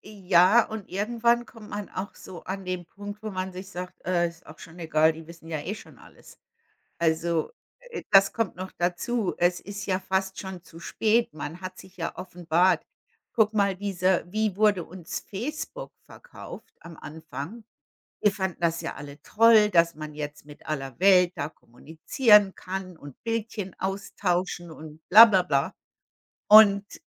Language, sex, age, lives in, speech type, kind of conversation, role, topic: German, female, 55-59, United States, conversation, unstructured, none, Wie erlebst du den Verlust deiner Privatsphäre durch moderne Technik?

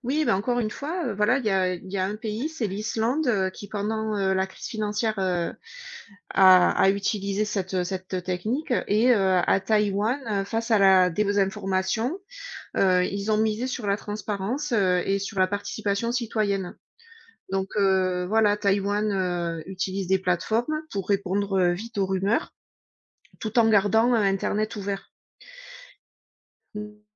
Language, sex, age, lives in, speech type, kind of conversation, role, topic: French, female, 40-44, United States, read, expert-assistant, expert, Tu connais des endroits en ligne où on peut explorer les différentes façons dont les pays s’organisent politiquement ?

